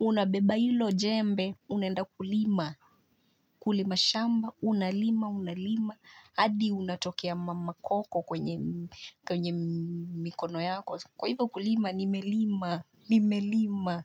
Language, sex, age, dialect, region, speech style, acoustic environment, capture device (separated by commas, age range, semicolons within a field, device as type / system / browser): Swahili, female, 25-34, Kenya - Nairobi Sheng-influenced, Kenya, relaxed, silence, mobile / Linux / Chrome